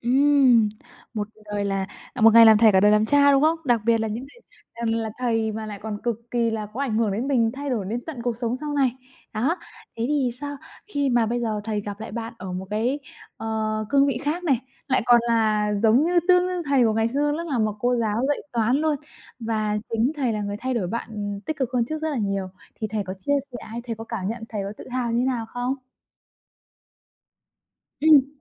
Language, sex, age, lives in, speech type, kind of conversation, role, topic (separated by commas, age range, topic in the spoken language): Vietnamese, female, 25-29, Vietnam, conversation, podcast, host, Bạn hãy kể về một thầy hoặc cô đã khiến bạn thay đổi như thế nào?